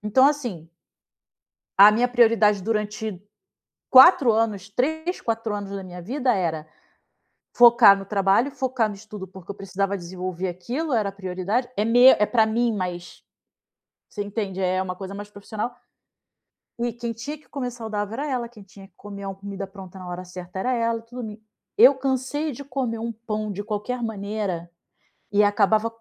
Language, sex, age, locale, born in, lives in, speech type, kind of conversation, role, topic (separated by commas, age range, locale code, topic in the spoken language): Portuguese, female, 40-44, pt-BR, Brazil, Spain, conversation, advice, user, Como posso reconstruir minha autoconfiança e autoestima após um término?